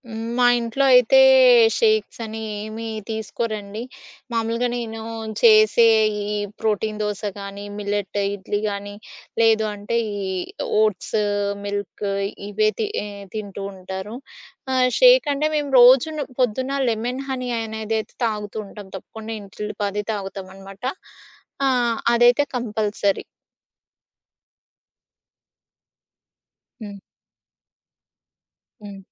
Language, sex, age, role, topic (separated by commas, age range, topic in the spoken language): Telugu, female, 30-34, guest, మీ ఉదయ భోజన అలవాట్లు ఎలా ఉంటాయి?